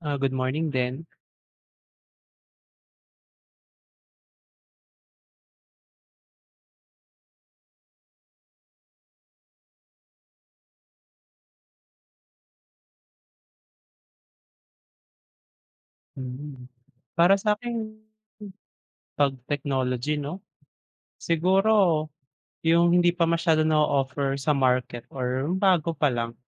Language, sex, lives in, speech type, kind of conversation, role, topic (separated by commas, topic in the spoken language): Filipino, male, Philippines, conversation, unstructured, none, Anong bagong teknolohiya ang gusto mong subukan?